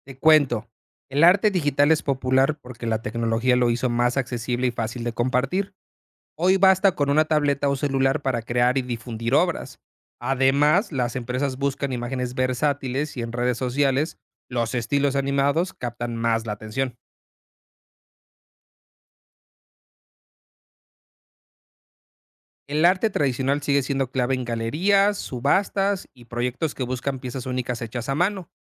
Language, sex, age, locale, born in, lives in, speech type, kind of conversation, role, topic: Spanish, male, 30-34, es-MX, Mexico, Mexico, read, expert-assistant, expert, ¿Por qué el arte digital ha ganado tanta popularidad en los últimos años?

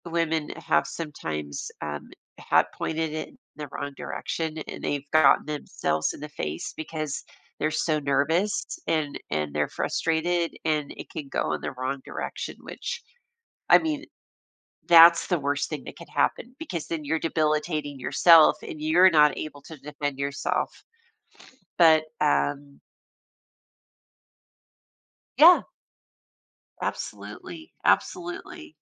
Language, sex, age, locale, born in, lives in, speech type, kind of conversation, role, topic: English, female, 55-59, en-US, United States, United States, conversation, podcast, guest, What role does community involvement play in making neighborhoods safer?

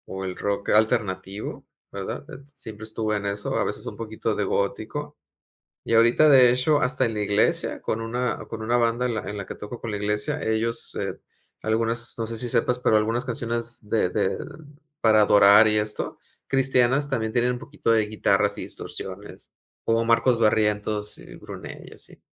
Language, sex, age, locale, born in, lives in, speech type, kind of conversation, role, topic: Spanish, male, 40-44, es-MX, Mexico, Mexico, conversation, unstructured, none, ¿Cuál es tu recuerdo favorito relacionado con alguna actividad que te gusta hacer?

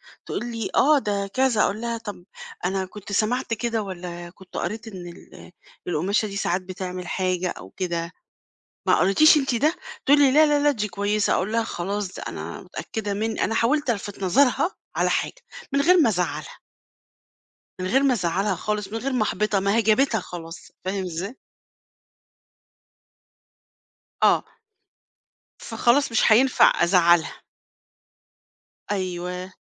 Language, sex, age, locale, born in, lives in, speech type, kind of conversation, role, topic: Arabic, female, 50-54, ar-EG, Egypt, Portugal, conversation, podcast, guest, إزاي تعبّر عن رأيك من غير ما تجرح مشاعر اللي قدامك؟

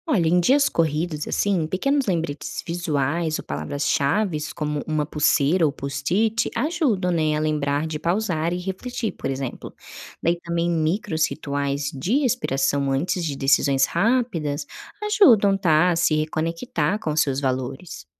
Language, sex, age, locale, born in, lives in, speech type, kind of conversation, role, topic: Portuguese, female, 25-29, pt-BR, Brazil, Spain, read, expert-assistant, expert, Como dá pra aplicar ideias da teologia quando a gente precisa tomar decisões difíceis?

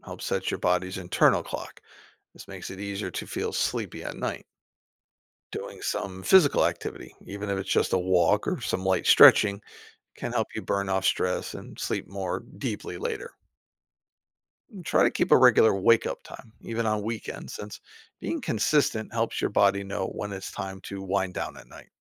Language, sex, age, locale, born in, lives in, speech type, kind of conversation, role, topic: English, male, 50-54, en-US, United States, United States, read, expert-assistant, expert, How does sleep affect our health?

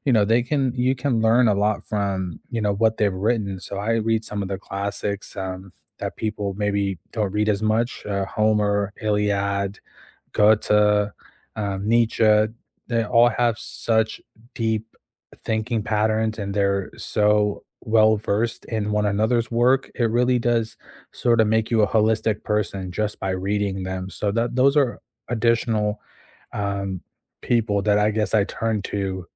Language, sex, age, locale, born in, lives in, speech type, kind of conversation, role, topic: English, male, 25-29, en-US, United States, United States, conversation, unstructured, none, Who do you turn to when you want to learn something new, and what makes their help meaningful?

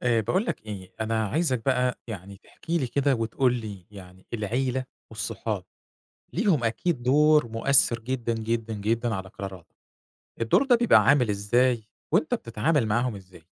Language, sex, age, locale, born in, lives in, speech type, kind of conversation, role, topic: Arabic, male, 40-44, ar-EG, Egypt, Egypt, conversation, podcast, host, إيه دور العيلة والصحاب في قراراتك؟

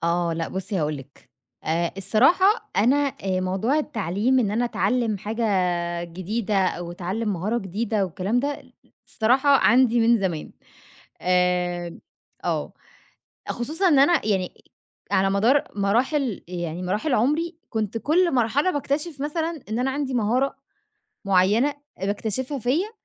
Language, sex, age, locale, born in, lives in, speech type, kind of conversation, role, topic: Arabic, female, 25-29, ar-EG, Egypt, Egypt, conversation, podcast, guest, إيه اللي بتعمله عشان تفضل متحفّز تتعلّم؟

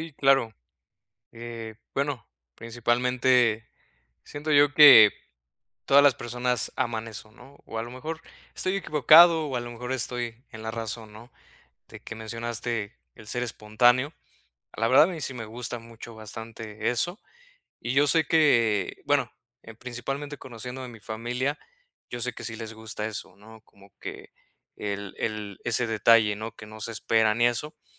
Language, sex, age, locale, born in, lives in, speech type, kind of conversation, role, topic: Spanish, male, 30-34, es-MX, Mexico, Mexico, conversation, advice, user, ¿Cómo puedo elegir un regalo significativo sin gastar demasiado dinero?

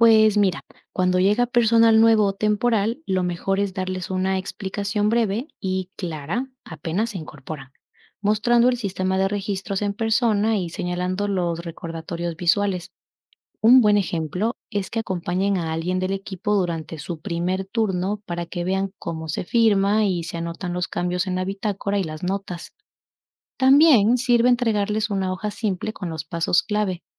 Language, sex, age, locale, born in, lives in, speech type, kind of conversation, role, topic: Spanish, female, 25-29, es-EC, Ecuador, Spain, read, expert-assistant, expert, ¿Cómo se puede manejar un negocio pequeño sin usar internet?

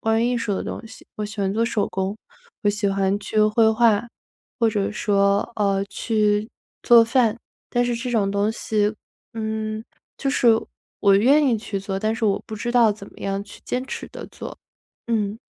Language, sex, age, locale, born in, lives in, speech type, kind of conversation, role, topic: Chinese, female, 25-29, zh-CN, China, United States, conversation, advice, user, 我怎样才能坚持自己喜欢的兴趣和热情？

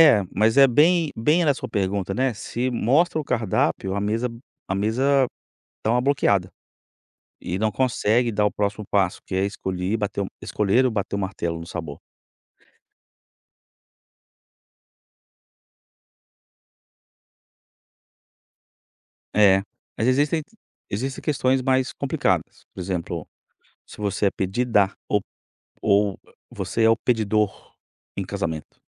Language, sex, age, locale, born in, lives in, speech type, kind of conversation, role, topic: Portuguese, male, 45-49, pt-BR, Brazil, United States, conversation, podcast, guest, Quais estratégias simples você usa para destravar decisões difíceis?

